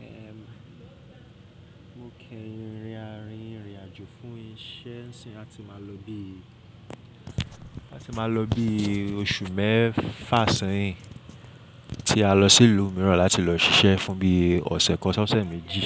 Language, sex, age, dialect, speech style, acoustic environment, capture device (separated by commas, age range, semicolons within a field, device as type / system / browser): Yoruba, male, 25-34, Nigeria - Lagos Yoruba, relaxed, silence; people talking; nature, mobile / Linux / Chrome